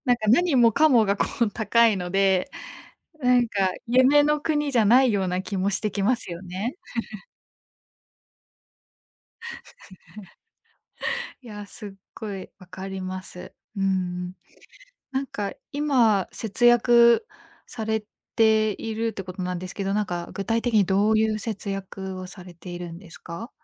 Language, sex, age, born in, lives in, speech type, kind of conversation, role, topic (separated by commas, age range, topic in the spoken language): Japanese, female, 25-29, Japan, Japan, conversation, advice, advisor, 生活水準を下げるべきか迷うほど節約が必要になった